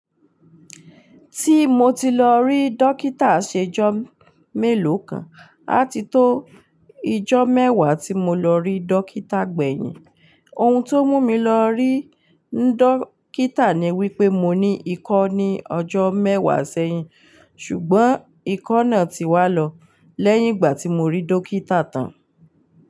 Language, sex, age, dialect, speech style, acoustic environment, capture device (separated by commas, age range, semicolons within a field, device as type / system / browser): Yoruba, female, 35-44, Nigeria - Ibadan, relaxed, silence, mobile / Linux / Chrome